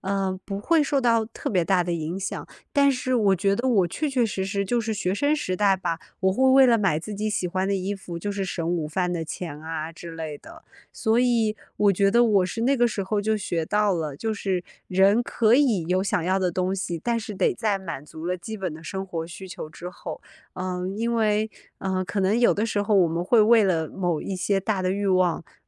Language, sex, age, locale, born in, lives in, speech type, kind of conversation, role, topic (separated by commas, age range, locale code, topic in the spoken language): Chinese, female, 30-34, zh-CN, China, United States, conversation, advice, user, 我在花钱时该怎么在买东西和去旅行之间做选择？